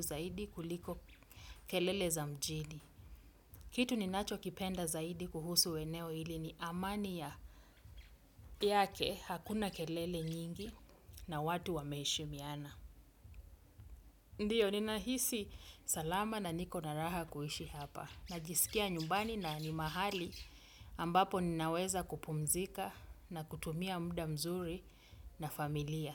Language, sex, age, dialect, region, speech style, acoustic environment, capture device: Swahili, female, 35-44, Kenya - Mombasa, Kenya, happy, nature, desktop / Windows / Chrome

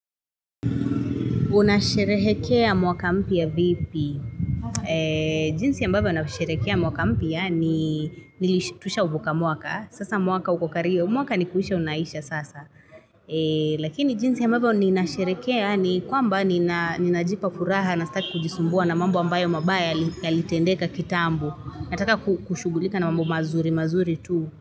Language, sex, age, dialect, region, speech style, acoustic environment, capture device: Swahili, female, 25-34, Kenya - Nairobi Sheng-influenced, Kenya, relaxed, traffic, mobile / Linux / Chrome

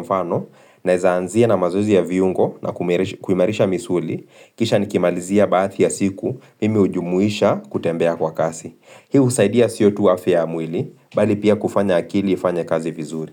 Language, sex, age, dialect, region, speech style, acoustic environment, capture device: Swahili, male, 25-34, Kenya - Nairobi Sheng-influenced, Kenya, relaxed; focused, silence; appliances, mobile / Linux / Chrome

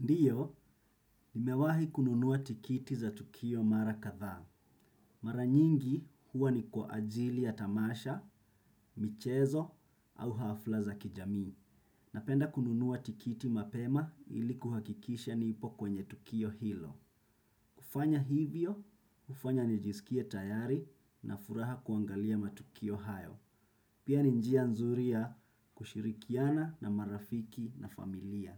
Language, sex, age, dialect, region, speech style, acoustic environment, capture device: Swahili, male, 25-34, Kenya - Mombasa, Kenya, focused; relaxed, silence, desktop / Windows / Chrome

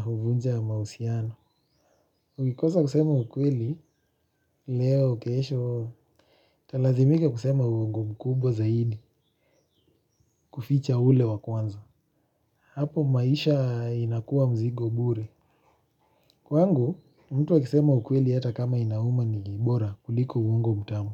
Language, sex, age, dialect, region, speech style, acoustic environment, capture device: Swahili, male, 25-34, Kenya - Nairobi Sheng-influenced, Kenya, relaxed, nature, mobile / Linux / Chrome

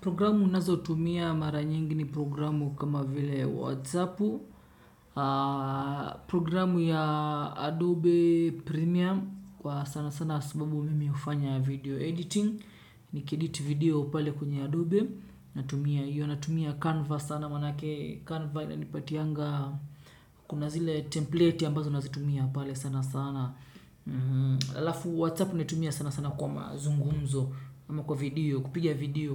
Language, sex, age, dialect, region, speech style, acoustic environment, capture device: Swahili, male, 25-34, Kenya - Mombasa, Kenya, relaxed, nature, desktop / Windows / Chrome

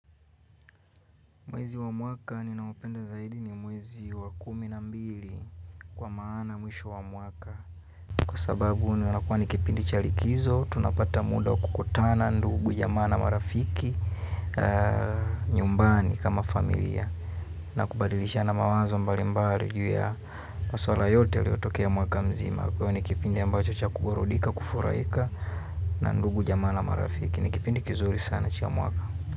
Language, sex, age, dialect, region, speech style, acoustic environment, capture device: Swahili, male, 25-34, Kenya - Nairobi Sheng-influenced, Kenya, relaxed; happy; focused, silence, mobile / Linux / Chrome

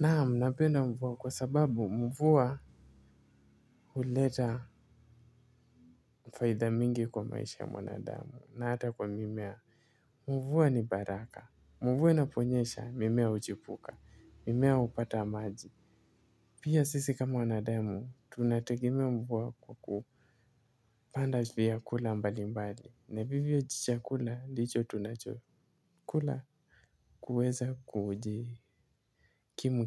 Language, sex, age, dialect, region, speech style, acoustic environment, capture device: Swahili, male, 18-24, Kenya - Mombasa, Kenya, happy; focused, nature; silence; appliances, mobile / Linux / Chrome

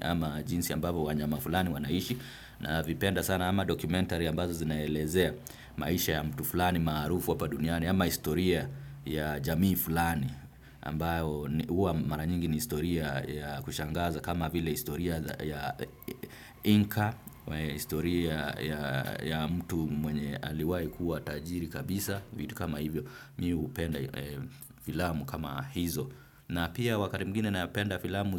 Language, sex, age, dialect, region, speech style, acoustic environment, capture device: Swahili, male, 25-34, Kenya - Nairobi Sheng-influenced, Kenya, happy, traffic, desktop / Windows / Firefox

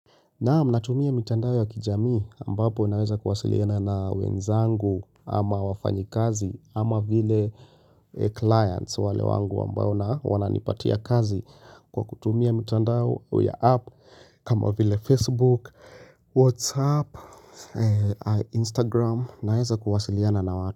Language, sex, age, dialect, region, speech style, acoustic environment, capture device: Swahili, male, 25-34, Kenya - Nairobi Sheng-influenced, Kenya, relaxed; tired; focused; excited; nervous, silence, mobile / Linux / Chrome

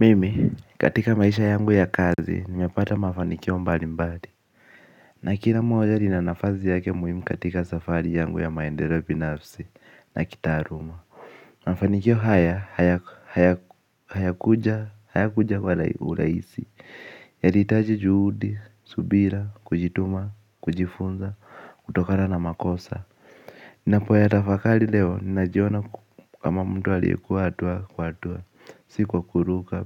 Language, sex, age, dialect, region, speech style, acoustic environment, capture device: Swahili, male, 18-24, Kenya - Nairobi Sheng-influenced, Kenya, focused; relaxed, nature, mobile / Linux / Chrome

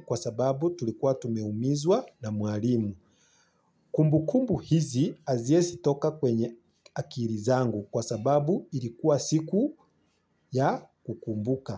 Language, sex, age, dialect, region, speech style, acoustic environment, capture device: Swahili, male, 25-34, Kenya - Nairobi Sheng-influenced, Kenya, happy, silence, mobile / Linux / Chrome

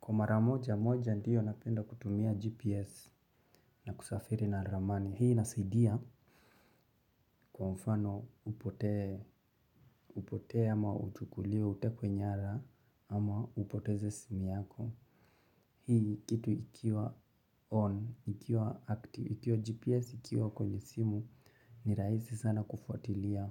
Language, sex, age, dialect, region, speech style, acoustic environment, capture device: Swahili, male, 25-34, Kenya - Mombasa, Kenya, happy, silence, mobile / Linux / Chrome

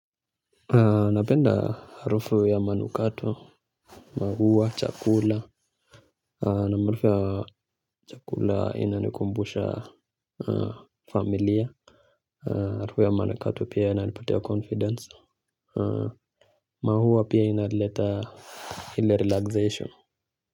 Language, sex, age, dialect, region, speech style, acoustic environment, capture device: Swahili, male, 25-34, Kenya - Nairobi Sheng-influenced, Kenya, relaxed, people talking, mobile / Linux / Chrome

